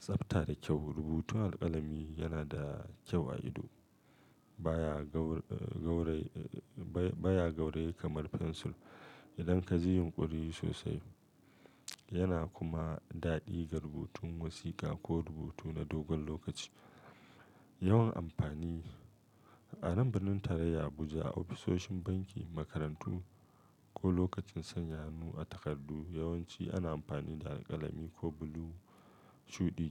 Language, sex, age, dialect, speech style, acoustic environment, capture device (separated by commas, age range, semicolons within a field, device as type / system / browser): Hausa, male, 35-44, Nigeria - Kano, focused, nature, mobile / Linux / Chrome